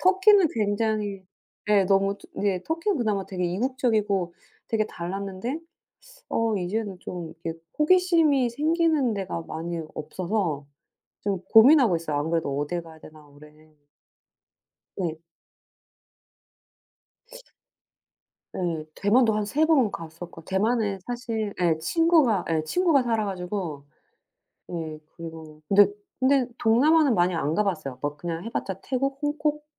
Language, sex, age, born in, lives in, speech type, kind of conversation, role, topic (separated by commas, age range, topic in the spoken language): Korean, female, 40-44, South Korea, United States, conversation, unstructured, none, 가장 인상 깊었던 자연 명소는 어디인가요?